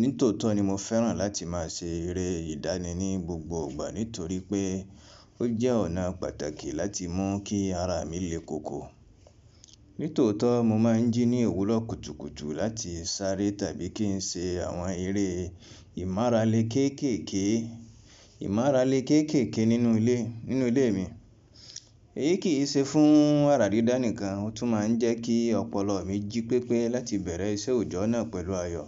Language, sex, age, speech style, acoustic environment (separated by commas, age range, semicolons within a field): Yoruba, male, 25-34, relaxed, appliances